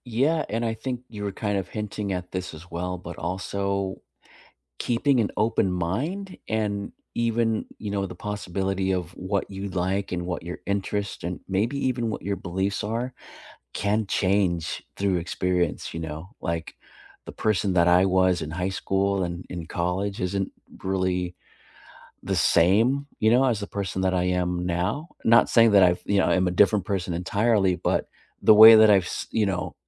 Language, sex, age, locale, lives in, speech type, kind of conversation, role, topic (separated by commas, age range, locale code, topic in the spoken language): English, male, 45-49, en-US, United States, conversation, unstructured, none, What does being yourself mean to you?